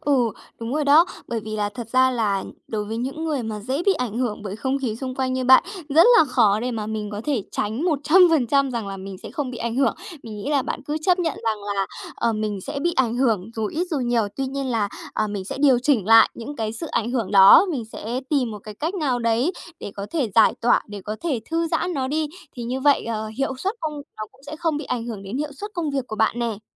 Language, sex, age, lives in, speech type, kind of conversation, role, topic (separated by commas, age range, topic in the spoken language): Vietnamese, female, 30-34, Japan, conversation, advice, advisor, Làm thế nào để tôi bớt bị ảnh hưởng bởi môi trường xung quanh?